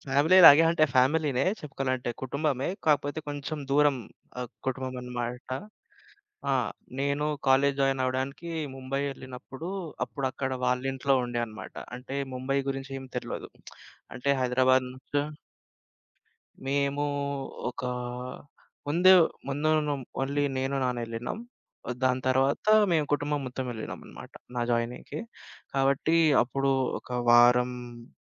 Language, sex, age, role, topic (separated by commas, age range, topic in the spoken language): Telugu, male, 25-29, guest, మీరు ఎప్పుడైనా స్థానిక కుటుంబంతో కొన్ని రోజులు గడిపారా? మీ అనుభవం ఎలా ఉండింది?